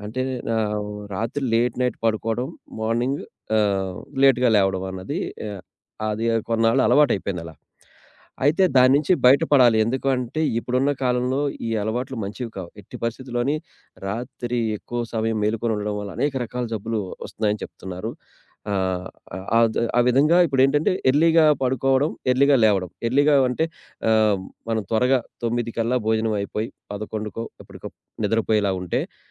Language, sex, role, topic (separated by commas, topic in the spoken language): Telugu, male, guest, పాత అలవాట్లను విడిచిపెట్టి కొత్తది నేర్చుకోవడానికి ఉపయోగపడే చిన్న చిట్కాలు ఏమైనా ఉన్నాయా?